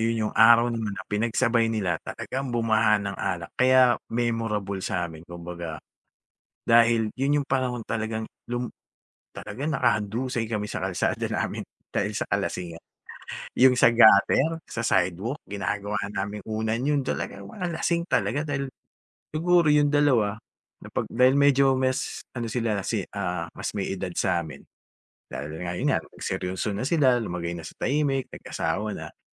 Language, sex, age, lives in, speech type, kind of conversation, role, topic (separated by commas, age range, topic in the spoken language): Filipino, male, 45-49, Philippines, conversation, podcast, guest, Ano ang paborito mong tambayan ng komunidad, at bakit?